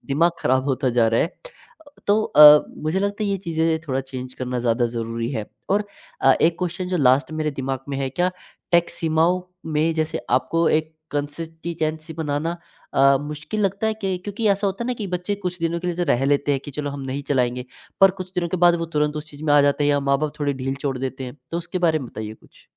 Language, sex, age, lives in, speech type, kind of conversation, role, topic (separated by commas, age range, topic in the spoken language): Hindi, male, 18-19, India, conversation, podcast, host, बच्चों के लिए आप तकनीक के उपयोग की सीमाएँ कैसे तय करते हैं और उन्हें कैसे लागू करते हैं?